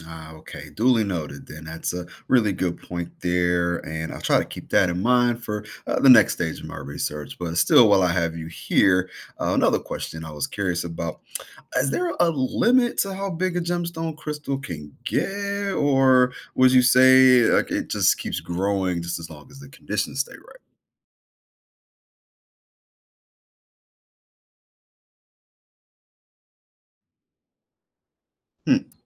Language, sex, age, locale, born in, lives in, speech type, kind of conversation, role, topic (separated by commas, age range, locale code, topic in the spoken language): English, male, 30-34, en-US, United States, United States, read, expert-assistant, asker, What determines a crystal's growth shape?